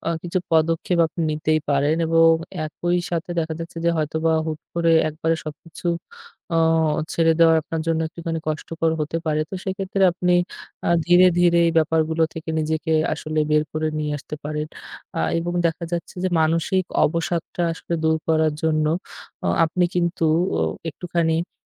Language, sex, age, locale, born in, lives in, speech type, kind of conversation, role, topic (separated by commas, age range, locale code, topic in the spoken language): Bengali, male, 25-29, bn-BD, Bangladesh, Bangladesh, conversation, advice, advisor, আপনি কেন মাসিক সাবস্ক্রিপশনগুলো বন্ধ না করার চাপ অনুভব করছেন?